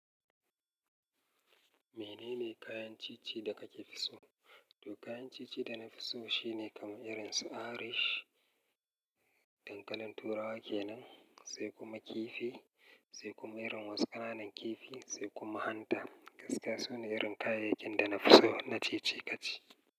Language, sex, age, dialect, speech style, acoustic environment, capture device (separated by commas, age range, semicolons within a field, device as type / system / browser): Hausa, male, 35-44, Nigeria - Kano, relaxed; happy; excited, nature, mobile / Linux / Chrome